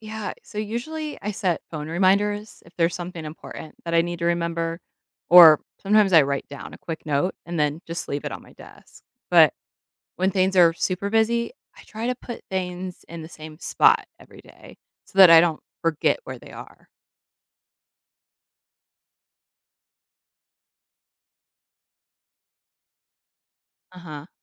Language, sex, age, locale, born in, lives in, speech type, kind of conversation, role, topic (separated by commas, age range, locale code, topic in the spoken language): English, female, 30-34, en-US, United States, United States, read, expert-assistant, asker, How do people adapt to changes in their lives?